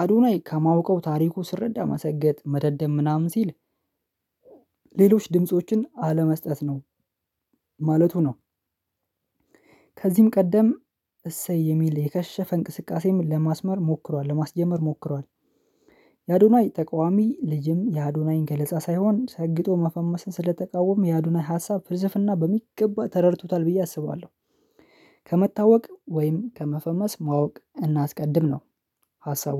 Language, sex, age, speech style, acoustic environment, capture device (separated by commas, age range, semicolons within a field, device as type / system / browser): Amharic, male, 25-34, happy; relaxed, silence, mobile / Linux / Chrome